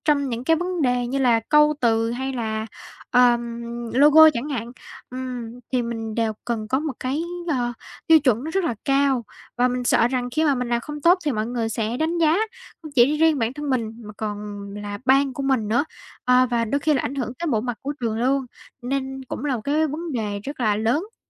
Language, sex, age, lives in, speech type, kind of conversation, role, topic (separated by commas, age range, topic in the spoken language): Vietnamese, female, 18-19, Vietnam, conversation, advice, user, Làm sao để ngừng trì hoãn khi bạn muốn tiến lên với dự án sáng tạo?